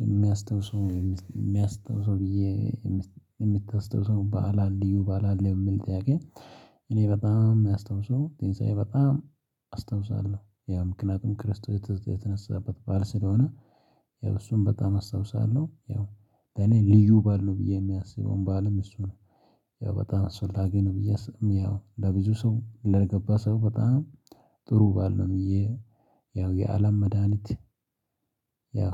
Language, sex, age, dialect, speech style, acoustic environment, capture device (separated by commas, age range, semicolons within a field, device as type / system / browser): Amharic, male, 25-34, Ethiopia - Addis Ababa, excited, silence, mobile / Linux / Chrome